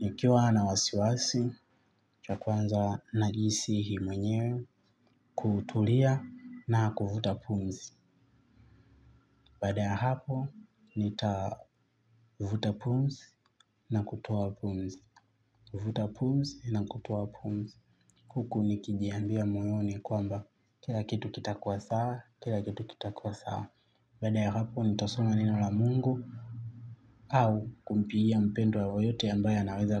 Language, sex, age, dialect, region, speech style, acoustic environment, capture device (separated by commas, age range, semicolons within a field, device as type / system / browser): Swahili, male, 25-34, Tanzania - Dar es Salaam, Tanzania, excited; happy, traffic, mobile / Linux / Chrome